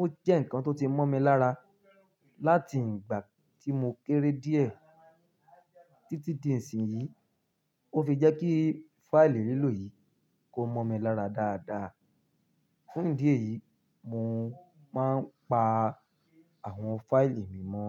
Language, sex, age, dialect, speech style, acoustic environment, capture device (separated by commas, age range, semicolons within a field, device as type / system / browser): Yoruba, male, 25-34, Nigeria - Ibadan, happy, music, mobile / Linux / Chrome